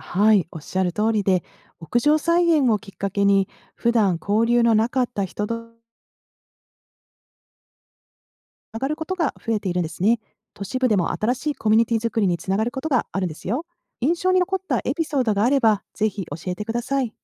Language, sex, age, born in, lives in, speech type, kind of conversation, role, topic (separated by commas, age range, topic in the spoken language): Japanese, female, 50-54, Japan, United States, read, expert-assistant, expert, 都市部での食料生産を拡大するために、どのような新しい方法やコンセプトが考えられるでしょうか？